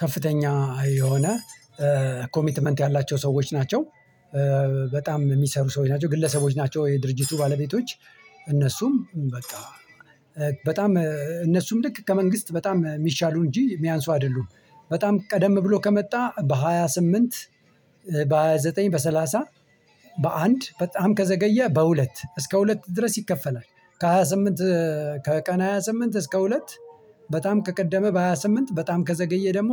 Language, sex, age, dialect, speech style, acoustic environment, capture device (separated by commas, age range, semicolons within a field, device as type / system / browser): Amharic, male, 45-54, Ethiopia - Addis Ababa, relaxed, people talking, mobile / Linux / Chrome